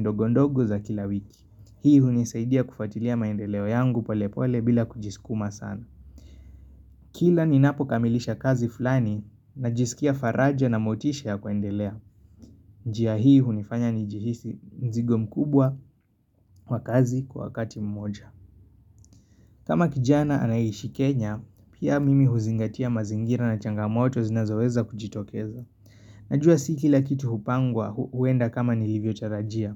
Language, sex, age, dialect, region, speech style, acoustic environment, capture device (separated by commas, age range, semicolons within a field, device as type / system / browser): Swahili, male, 25-34, Kenya - Nairobi Sheng-influenced, Kenya, relaxed, nature; appliances; construction, mobile / Linux / Chrome